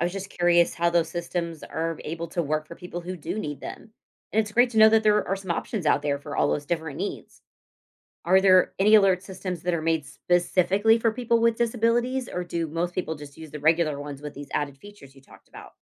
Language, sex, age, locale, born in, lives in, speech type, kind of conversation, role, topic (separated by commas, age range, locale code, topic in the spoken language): English, female, 40-44, en-US, United States, United States, read, expert-assistant, asker, Why do some phones not get emergency alerts?